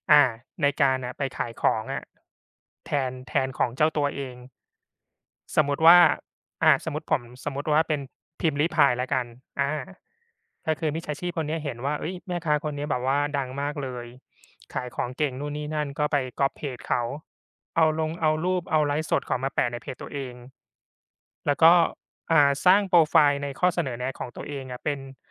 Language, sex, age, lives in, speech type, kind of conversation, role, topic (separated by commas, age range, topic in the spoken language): Thai, male, 35-39, Thailand, conversation, unstructured, none, คุณคิดว่าเทคโนโลยีทำให้คนเราเห็นแก่ตัวมากขึ้นหรือเปล่า?